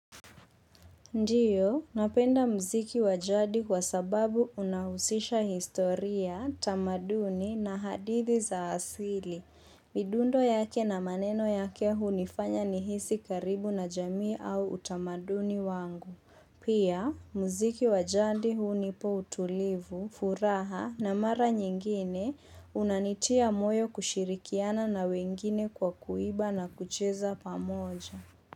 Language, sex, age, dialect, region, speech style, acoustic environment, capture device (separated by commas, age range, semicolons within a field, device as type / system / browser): Swahili, female, 25-34, Kenya - Mombasa, Kenya, focused, silence, mobile / Linux / Chrome